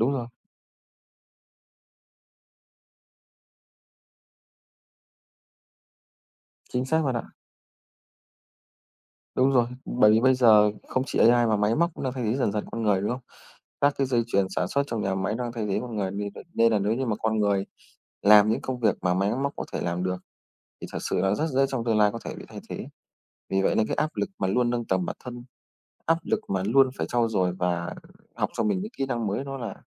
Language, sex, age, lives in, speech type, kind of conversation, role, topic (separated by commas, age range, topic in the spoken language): Vietnamese, male, 25-29, Vietnam, conversation, unstructured, none, Bạn nghĩ gì về áp lực công việc ngày nay?